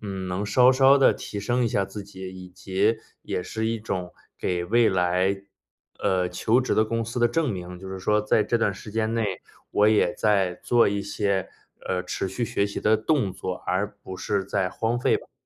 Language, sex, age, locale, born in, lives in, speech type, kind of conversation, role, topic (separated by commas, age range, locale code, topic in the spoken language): Chinese, male, 30-34, zh-CN, China, United States, conversation, advice, user, 我对未来的职业发展方向很迷茫，现在该换工作还是转行比较好？